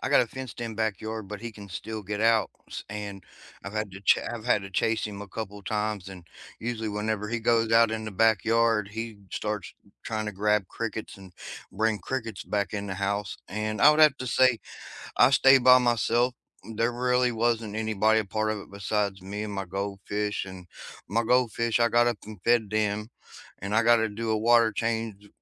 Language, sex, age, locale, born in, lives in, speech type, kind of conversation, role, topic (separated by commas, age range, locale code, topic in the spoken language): English, male, 40-44, en-US, United States, United States, conversation, unstructured, none, What has been the highlight of your day so far, and why did it matter to you?